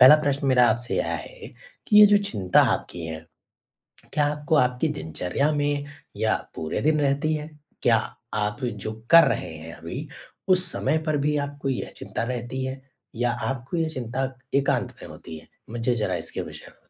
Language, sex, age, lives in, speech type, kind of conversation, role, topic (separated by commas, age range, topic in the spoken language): Hindi, male, 25-29, India, conversation, advice, advisor, मैं अनिश्चित भविष्य को लेकर होने वाली चिंता को कैसे कम कर सकता/सकती हूँ?